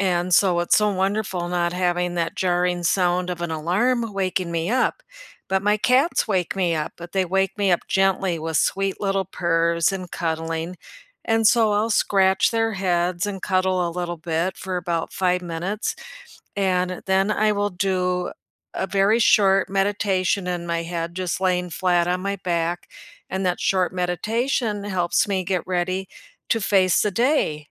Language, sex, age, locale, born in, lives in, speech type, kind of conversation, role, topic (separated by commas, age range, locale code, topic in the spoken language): English, female, 65-69, en-US, United States, United States, conversation, unstructured, none, What small daily habit keeps you grounded during busy weeks, and how can we support each other?